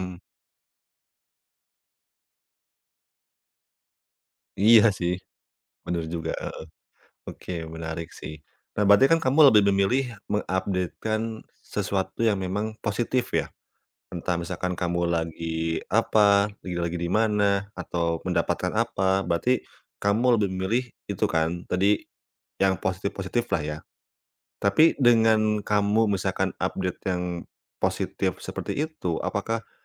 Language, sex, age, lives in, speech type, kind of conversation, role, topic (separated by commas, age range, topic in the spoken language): Indonesian, male, 30-34, Indonesia, conversation, podcast, host, Bagaimana media sosial mengubah cara kita membagikan cerita sehari-hari?